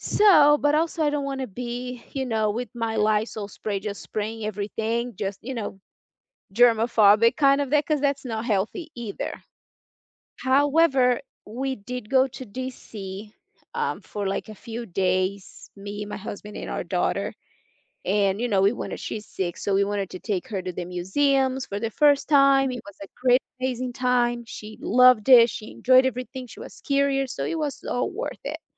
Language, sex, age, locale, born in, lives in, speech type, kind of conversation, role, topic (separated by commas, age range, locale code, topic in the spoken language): English, female, 30-34, en-BR, Brazil, United States, conversation, unstructured, none, Have you ever been grossed out by how clean a hotel was?